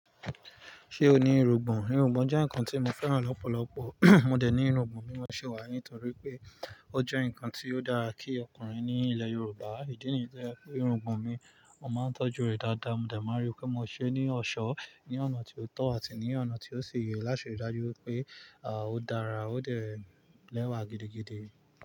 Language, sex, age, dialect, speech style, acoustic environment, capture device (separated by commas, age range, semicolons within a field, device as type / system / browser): Yoruba, male, 25-34, Nigeria - Nigerian Standard, relaxed, nature, mobile / Linux / Chrome